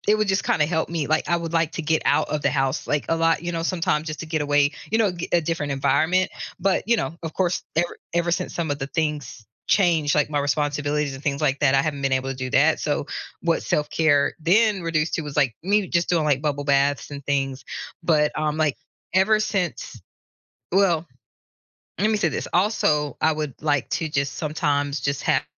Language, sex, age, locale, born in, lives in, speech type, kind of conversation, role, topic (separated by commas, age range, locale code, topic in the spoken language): English, female, 45-49, en-US, United States, United States, conversation, advice, user, How can I balance my self-care with caring for others?